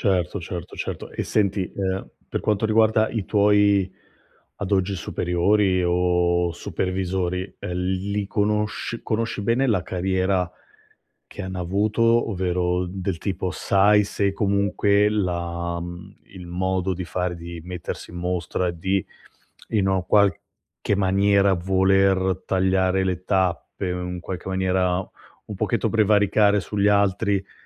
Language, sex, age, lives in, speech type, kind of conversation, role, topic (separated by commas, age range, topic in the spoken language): Italian, male, 35-39, Italy, conversation, advice, advisor, Come posso farmi notare in azienda senza sembrare troppo aggressivo?